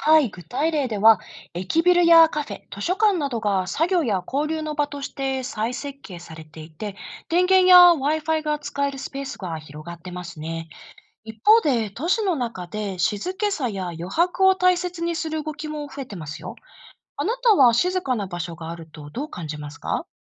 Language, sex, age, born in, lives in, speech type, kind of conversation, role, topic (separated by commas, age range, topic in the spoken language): Japanese, female, 35-39, Japan, Japan, read, expert-assistant, expert, 都市ごとに人が集まる場所はなぜ違うのですか？